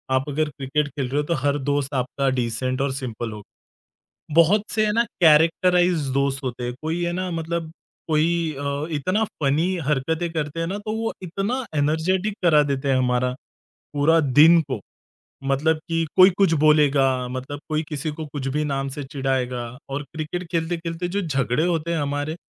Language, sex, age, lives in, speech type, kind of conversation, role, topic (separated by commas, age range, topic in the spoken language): Hindi, male, 30-34, India, conversation, podcast, guest, Tumhe kaunse shauk sach mein time well spent lagte hain?